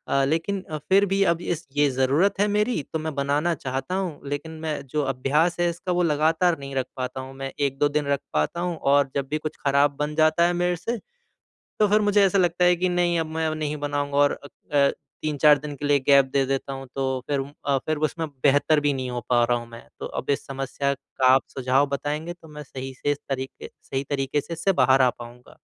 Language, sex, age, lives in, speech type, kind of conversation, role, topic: Hindi, male, 25-29, India, conversation, advice, user, मैं नियमित रूप से खाना बनाने की आदत कैसे बनाए रखूँ और अभ्यास कैसे जारी रखूँ?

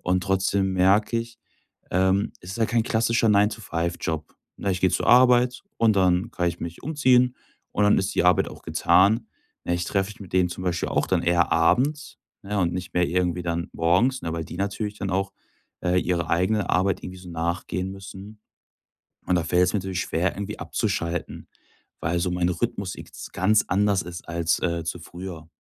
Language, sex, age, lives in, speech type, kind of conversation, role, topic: German, male, 25-29, Germany, conversation, advice, user, Warum kann ich zu Hause nicht entspannen oder bei Filmen und Musik abschalten?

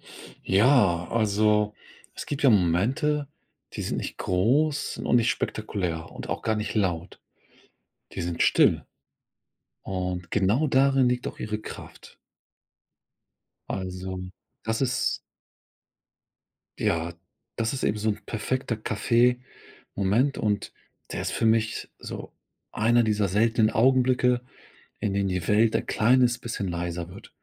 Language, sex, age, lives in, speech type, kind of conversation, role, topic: German, male, 40-44, Germany, conversation, podcast, guest, Was macht für dich einen perfekten Kaffee- oder Teemoment aus?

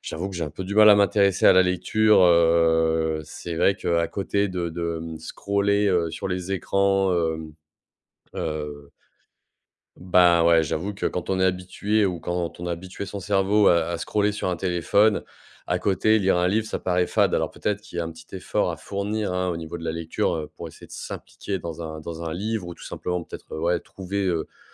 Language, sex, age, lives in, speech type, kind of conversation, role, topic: French, male, 30-34, France, conversation, advice, user, Pourquoi n’arrives-tu pas à suivre une routine matinale régulière ?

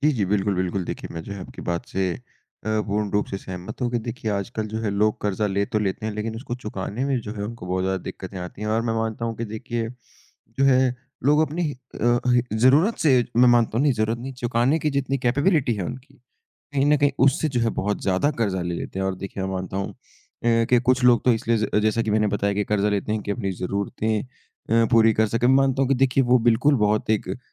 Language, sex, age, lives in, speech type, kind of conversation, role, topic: Hindi, male, 20-24, India, conversation, unstructured, none, कर्ज़ लेना सही है या गलत, और क्यों?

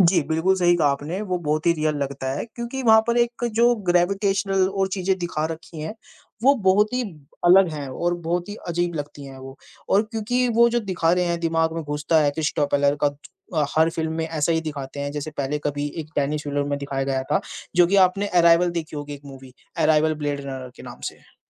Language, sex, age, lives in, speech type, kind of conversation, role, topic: Hindi, male, 20-24, India, conversation, unstructured, none, आप अपनी पसंदीदा फ़िल्में कैसे चुनते हैं और क्यों?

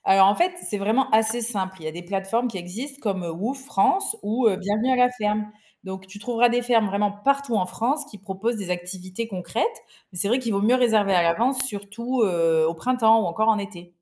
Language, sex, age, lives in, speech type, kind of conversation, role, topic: French, female, 35-39, France, read, expert-assistant, expert, Comment l’agriculture durable fonctionne-t-elle concrètement sur une ferme aujourd’hui ?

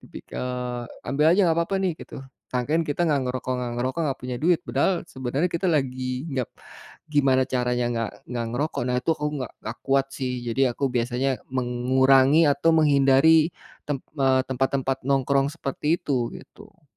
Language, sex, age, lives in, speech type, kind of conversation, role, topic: Indonesian, male, 45-49, Indonesia, conversation, advice, user, Mengapa saya selalu kembali ke kebiasaan lama meskipun ingin mengubahnya?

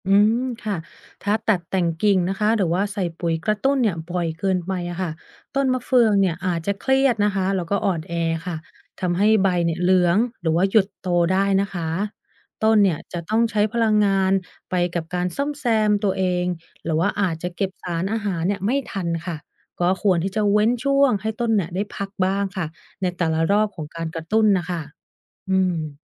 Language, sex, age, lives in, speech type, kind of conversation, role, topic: Thai, female, 30-34, United States, read, expert-assistant, expert, การดูแลต้นมะเฟืองให้ออกผลตลอดปี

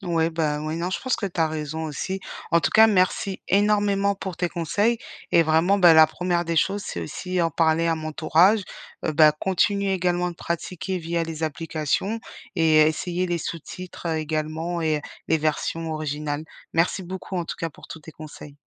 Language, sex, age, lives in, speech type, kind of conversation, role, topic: French, female, 35-39, France, conversation, advice, user, Comment avez-vous perdu votre motivation après un échec important ?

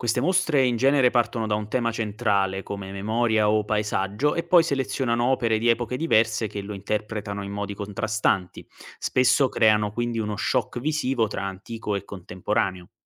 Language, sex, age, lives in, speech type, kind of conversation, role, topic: Italian, male, 25-29, Italy, read, expert-assistant, expert, Se volessi pianificare un viaggio immaginario tra le epoche artistiche, da dove potrei partire e quali tappe scegliere?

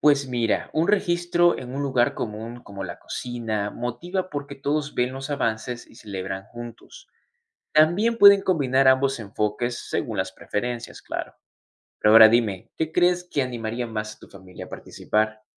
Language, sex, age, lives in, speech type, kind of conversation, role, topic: Spanish, male, 20-24, United States, read, expert-assistant, expert, ¿Qué ideas creativas podríamos implementar para que las personas adopten hábitos más saludables en su día a día?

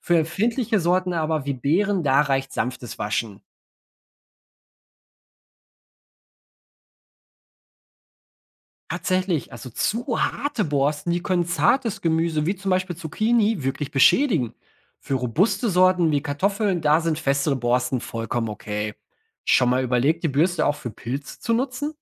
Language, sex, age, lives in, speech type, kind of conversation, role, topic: German, male, 30-34, Germany, read, expert-assistant, expert, Es gibt viele widersprüchliche Infos darüber, wie man Obst und Gemüse richtig wäscht – was stimmt denn nun wirklich für die Lebensmittelsicherheit?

